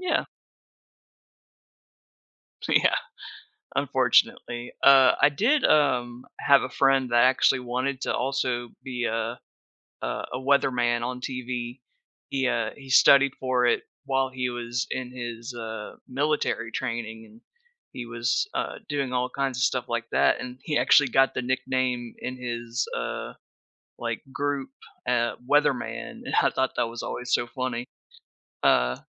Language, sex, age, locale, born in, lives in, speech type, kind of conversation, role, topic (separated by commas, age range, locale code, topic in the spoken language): English, male, 30-34, en-US, United States, United States, conversation, podcast, host, What are the most important lessons to learn when starting a new career?